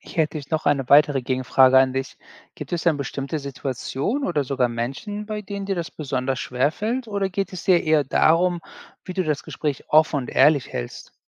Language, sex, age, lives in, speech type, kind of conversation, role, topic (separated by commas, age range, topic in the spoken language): German, male, 40-44, United States, read, expert-assistant, expert, Wie kann man Gespräche über Gott oder Glauben im Familien- oder Freundeskreis am besten beginnen und gestalten?